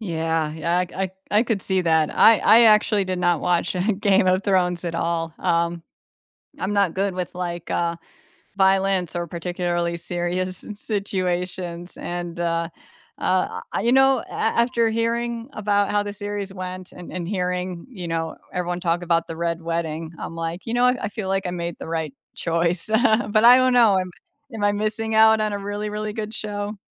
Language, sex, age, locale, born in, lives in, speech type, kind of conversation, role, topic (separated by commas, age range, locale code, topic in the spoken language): English, female, 40-44, en-US, United States, United States, conversation, unstructured, none, Which under-the-radar TV series would you recommend to everyone, and what makes it special to you?